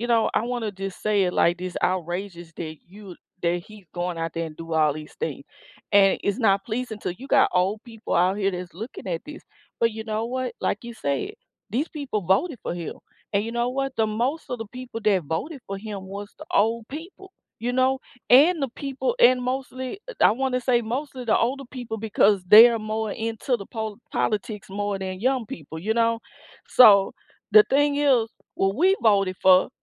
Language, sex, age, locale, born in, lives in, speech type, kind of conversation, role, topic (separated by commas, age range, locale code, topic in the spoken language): English, female, 35-39, en-US, United States, United States, conversation, unstructured, none, What is your opinion of politicians who put personal gain first?